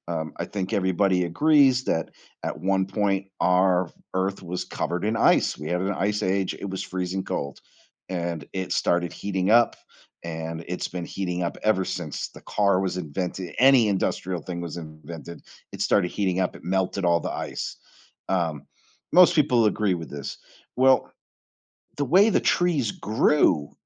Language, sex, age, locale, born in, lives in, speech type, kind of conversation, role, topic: English, male, 45-49, en-US, United States, United States, conversation, podcast, guest, How do forests shape the health of our planet and our lives?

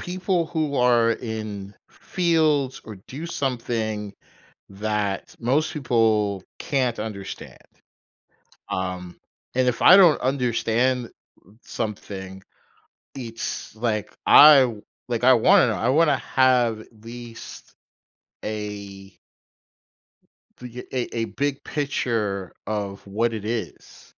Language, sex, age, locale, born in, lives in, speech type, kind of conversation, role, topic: English, male, 45-49, en-US, United States, United States, conversation, podcast, guest, What makes a conversation engaging and memorable for both people?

